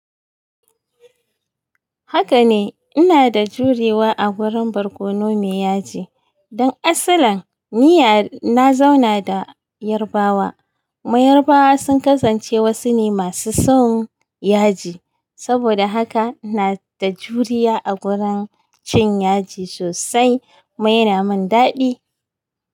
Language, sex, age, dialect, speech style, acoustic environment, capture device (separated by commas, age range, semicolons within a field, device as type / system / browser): Hausa, female, 35-44, Nigeria - Kano, relaxed; excited; focused, nature; silence, mobile / Linux / Chrome